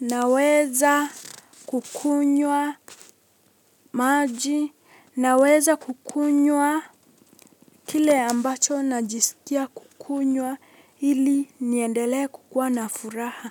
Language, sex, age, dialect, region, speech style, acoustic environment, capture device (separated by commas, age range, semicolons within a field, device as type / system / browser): Swahili, female, 18-24, Kenya - Mombasa, Kenya, relaxed, silence, mobile / Linux / Chrome